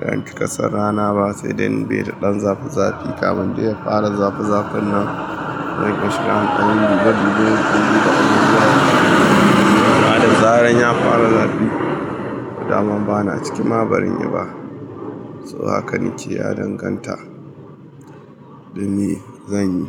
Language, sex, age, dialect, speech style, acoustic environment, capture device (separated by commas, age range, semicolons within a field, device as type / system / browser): Hausa, male, 25-34, Nigeria - Kano, excited; happy, appliances; people talking, mobile / Linux / Chrome